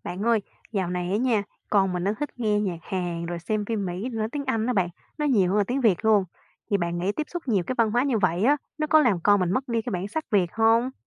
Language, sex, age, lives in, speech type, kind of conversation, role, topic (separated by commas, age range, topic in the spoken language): Vietnamese, female, 25-29, Vietnam, read, expert-assistant, asker, Khi văn hóa các nước giao thoa, liệu chúng ta có đánh mất bản sắc riêng không?